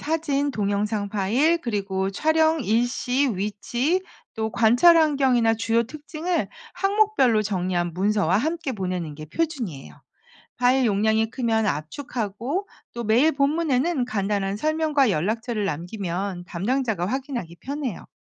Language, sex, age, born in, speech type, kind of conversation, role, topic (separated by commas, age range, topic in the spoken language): Korean, female, 50-54, South Korea, read, expert-assistant, expert, 해양 생물을 쉽게 기록하고 추적하는 데 유용한 팁이나 방법이 있을까요?